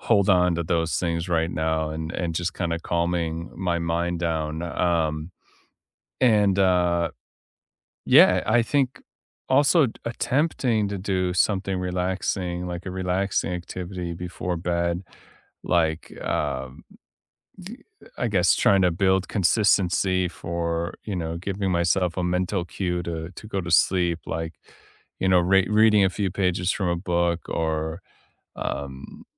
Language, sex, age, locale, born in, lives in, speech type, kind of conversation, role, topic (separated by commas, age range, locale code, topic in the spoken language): English, male, 30-34, en-US, United States, United States, conversation, unstructured, none, Which real bedtime rituals have actually helped you fall asleep faster, and how did you discover them?